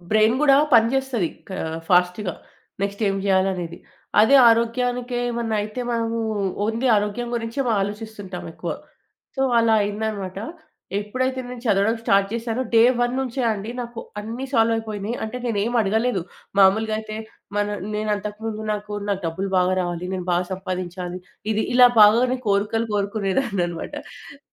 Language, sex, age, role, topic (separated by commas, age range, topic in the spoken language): Telugu, female, 30-34, guest, మీ పూజా లేదా ఆధ్యాత్మిక అలవాట్లను మీరు రోజూ ఎలా కొనసాగిస్తారు?